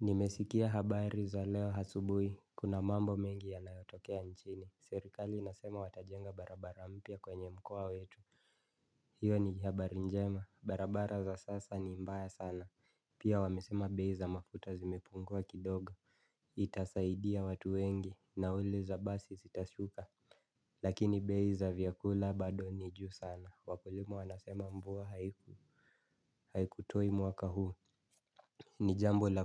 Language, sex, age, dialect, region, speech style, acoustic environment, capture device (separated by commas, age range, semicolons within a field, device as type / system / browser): Swahili, male, 25-34, Kenya - Nairobi Sheng-influenced, Kenya, focused, silence, mobile / Linux / Chrome